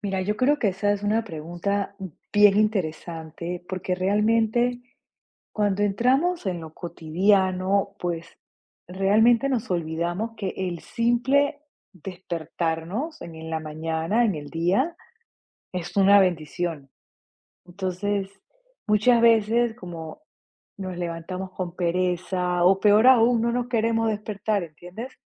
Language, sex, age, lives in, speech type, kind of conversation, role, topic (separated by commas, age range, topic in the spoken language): Spanish, female, 45-49, United States, conversation, podcast, guest, ¿Qué consejo darías para apreciar más lo cotidiano cada día?